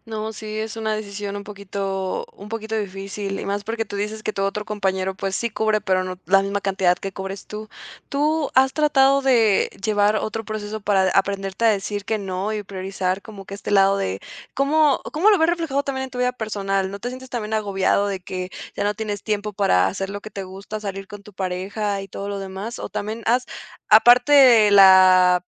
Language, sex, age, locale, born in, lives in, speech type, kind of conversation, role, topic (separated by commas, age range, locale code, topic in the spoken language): Spanish, female, 20-24, es-MX, Mexico, Mexico, conversation, advice, advisor, ¿Por qué me siento agotado/a todo el tiempo aunque descanse y no tenga energía para trabajar ni para la vida diaria?